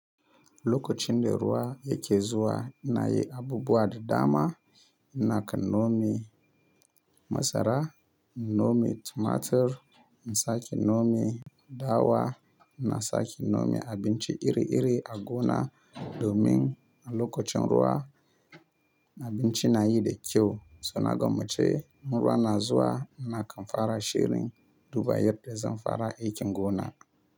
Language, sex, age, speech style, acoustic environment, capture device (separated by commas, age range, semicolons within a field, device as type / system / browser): Hausa, male, 25-34, relaxed; happy, nature; silence, mobile / Linux / Chrome